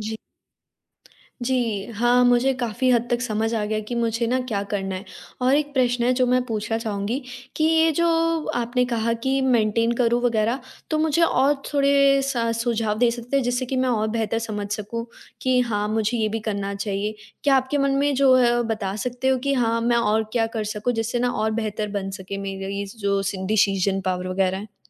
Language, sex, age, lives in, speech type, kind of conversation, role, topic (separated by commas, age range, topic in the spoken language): Hindi, female, 18-19, India, conversation, advice, user, मैं एक छोटे स्टार्टअप के लिए सही टीम कैसे बनाऊँ और उसे बनाए कैसे रखूँ?